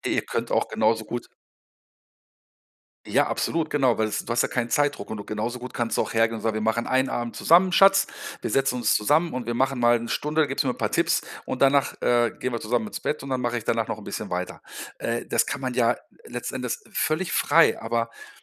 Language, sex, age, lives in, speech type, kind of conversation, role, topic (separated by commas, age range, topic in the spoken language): German, male, 50-54, Germany, conversation, advice, advisor, Wie kann ich meine Hobbys genießen, ohne mich schuldig zu fühlen?